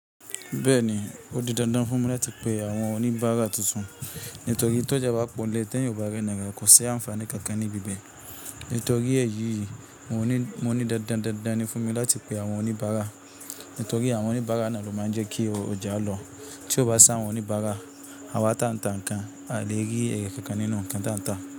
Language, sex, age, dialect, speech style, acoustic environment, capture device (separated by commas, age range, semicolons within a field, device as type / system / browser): Yoruba, male, 25-34, Nigeria - Kano, tired, appliances, mobile / Linux / Chrome